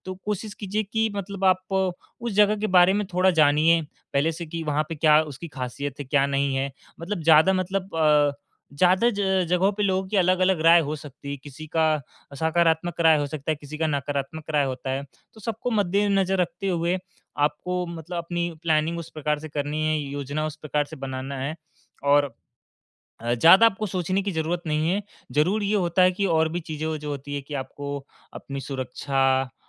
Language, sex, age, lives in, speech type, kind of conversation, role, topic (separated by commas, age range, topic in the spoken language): Hindi, male, 18-19, India, conversation, advice, advisor, यात्रा की योजना बनाना चुनौतीपूर्ण